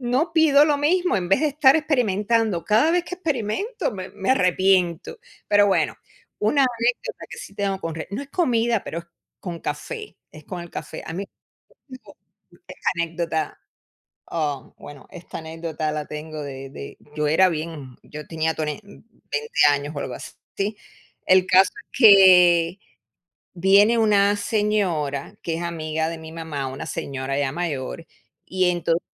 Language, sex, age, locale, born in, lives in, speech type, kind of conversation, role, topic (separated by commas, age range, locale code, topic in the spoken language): Spanish, female, 55-59, es-US, Cuba, United States, conversation, unstructured, none, ¿Alguna vez has tenido una experiencia divertida o rara con la comida?